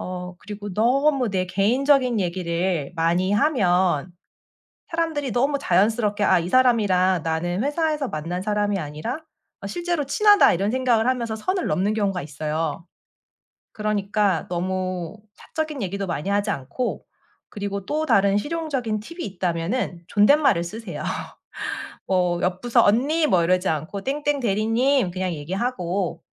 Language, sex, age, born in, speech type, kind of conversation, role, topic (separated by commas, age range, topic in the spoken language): Korean, female, 35-39, South Korea, conversation, podcast, guest, 직장에서 좋은 인간관계를 유지하려면 무엇이 가장 중요할까요?